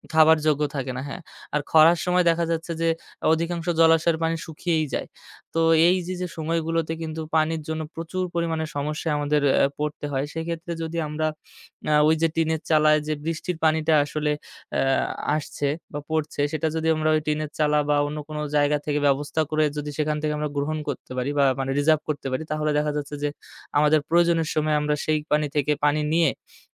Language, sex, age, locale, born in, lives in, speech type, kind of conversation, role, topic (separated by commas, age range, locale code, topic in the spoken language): Bengali, male, 25-29, bn-BD, Bangladesh, Bangladesh, conversation, podcast, guest, পানি সাশ্রয় ও বৃষ্টির জল সংগ্রহ নিয়ে আপনার পরামর্শ কী?